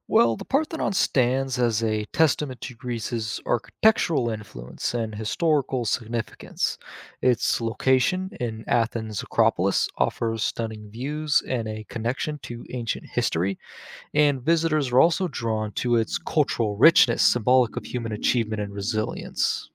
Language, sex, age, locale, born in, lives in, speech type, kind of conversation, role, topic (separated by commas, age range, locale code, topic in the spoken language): English, male, 20-24, en-US, United States, United States, read, expert-assistant, expert, What makes the Parthenon historic?